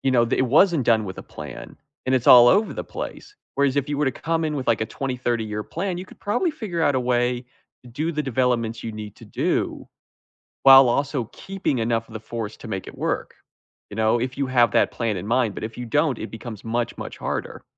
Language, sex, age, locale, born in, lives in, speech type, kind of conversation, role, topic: English, male, 20-24, en-US, United States, United States, conversation, unstructured, none, How does deforestation affect animals and people?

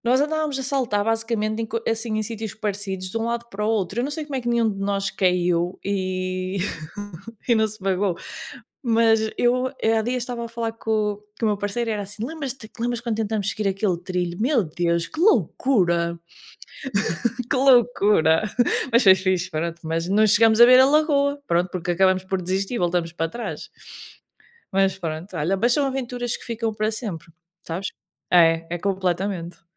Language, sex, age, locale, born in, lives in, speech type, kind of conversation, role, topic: Portuguese, female, 30-34, pt-PT, Portugal, Portugal, conversation, unstructured, none, Qual lugar na natureza lhe traz mais paz e por quê?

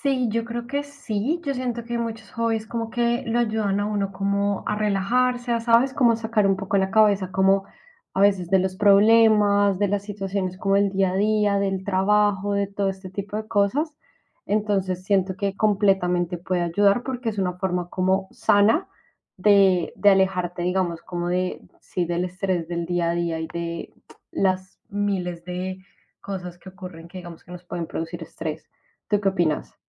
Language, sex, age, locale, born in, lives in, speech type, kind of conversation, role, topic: Spanish, female, 25-29, es-CO, Colombia, Spain, conversation, unstructured, none, ¿Crees que un pasatiempo puede ayudarte a reducir el estrés y cómo?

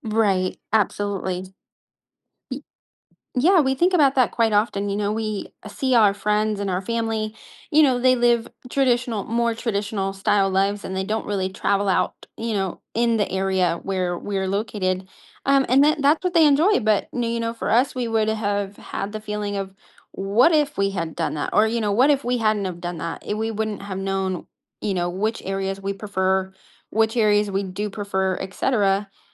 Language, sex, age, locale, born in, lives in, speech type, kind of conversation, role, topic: English, female, 30-34, en-US, United States, United States, conversation, advice, user, How can I get unstuck in life?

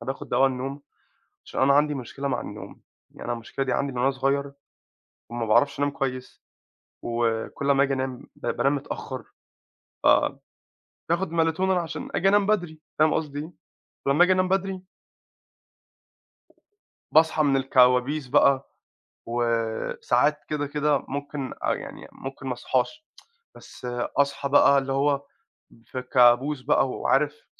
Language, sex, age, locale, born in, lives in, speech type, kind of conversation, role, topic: Arabic, male, 25-29, ar-EG, Egypt, United States, conversation, advice, user, إزاي الكوابيس المتكررة بتأثر على جودة نومك؟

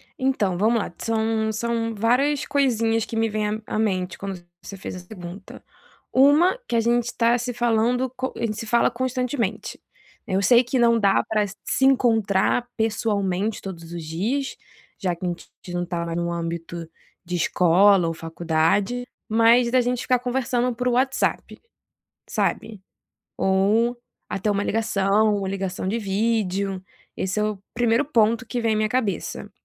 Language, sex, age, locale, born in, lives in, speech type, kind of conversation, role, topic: Portuguese, female, 25-29, pt-BR, Brazil, France, conversation, advice, user, Por que tenho dificuldade em manter conversas significativas com pessoas novas?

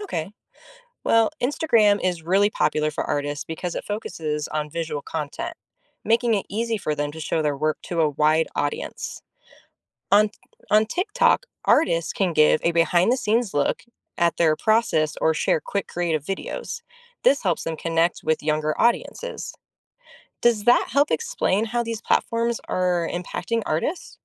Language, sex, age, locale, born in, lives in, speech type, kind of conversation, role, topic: English, female, 30-34, en-US, United States, United States, read, expert-assistant, expert, How does art reflect and influence society?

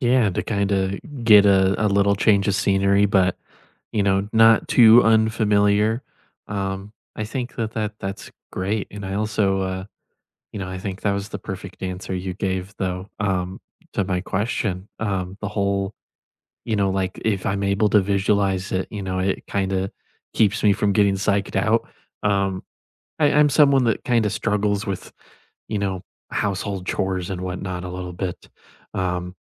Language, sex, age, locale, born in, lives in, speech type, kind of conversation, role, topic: English, male, 20-24, en-US, United States, United States, conversation, unstructured, none, How do you recharge after a stressful day, and how can we support each other?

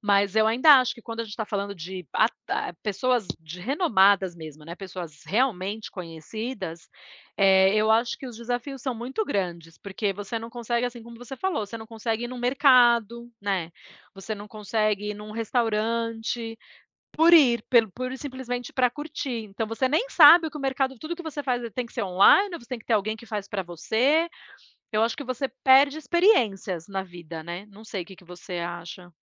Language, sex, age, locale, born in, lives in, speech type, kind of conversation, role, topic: Portuguese, female, 40-44, pt-BR, Brazil, United States, conversation, unstructured, none, Você acredita que a fama pode atrapalhar a vida pessoal?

